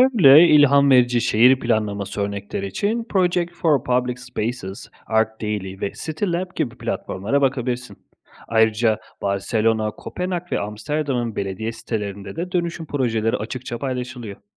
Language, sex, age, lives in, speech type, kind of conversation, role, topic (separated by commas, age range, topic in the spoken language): Turkish, male, 30-34, Italy, read, expert-assistant, expert, Şehirlerde dönüşüm projeleri toplumsal uyumu ve sosyalleşmeyi nasıl etkiliyor?